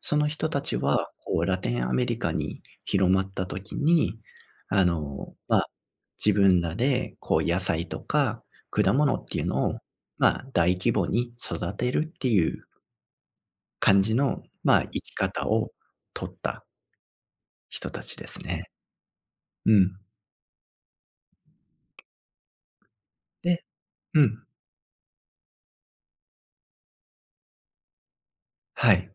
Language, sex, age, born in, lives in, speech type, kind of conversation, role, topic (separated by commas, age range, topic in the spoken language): Japanese, male, 35-39, Japan, Japan, conversation, podcast, guest, 旅先で食べて感動したものは何ですか？